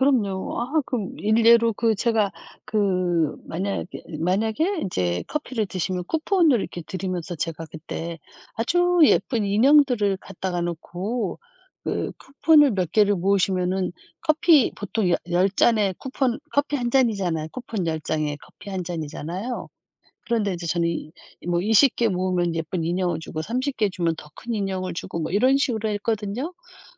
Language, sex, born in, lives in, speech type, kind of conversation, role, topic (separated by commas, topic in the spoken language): Korean, female, South Korea, South Korea, conversation, unstructured, none, 일과 삶의 균형을 어떻게 유지하고 계신가요?